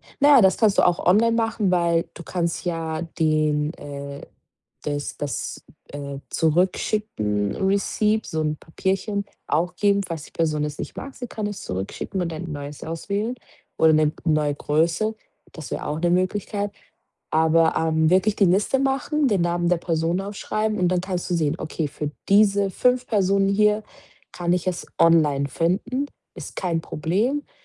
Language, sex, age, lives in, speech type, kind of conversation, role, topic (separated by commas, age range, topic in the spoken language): German, female, 25-29, Portugal, conversation, advice, advisor, Wie finde ich trotz Zeitmangel passende Kleidung und Geschenke?